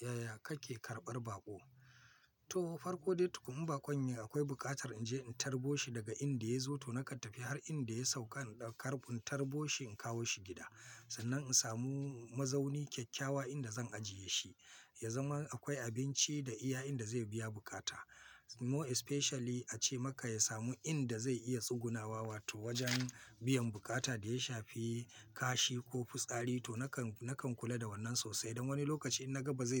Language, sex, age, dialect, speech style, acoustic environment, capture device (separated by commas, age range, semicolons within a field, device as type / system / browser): Hausa, male, 45-54, Nigeria - Kano, focused, people talking, mobile / Linux / Chrome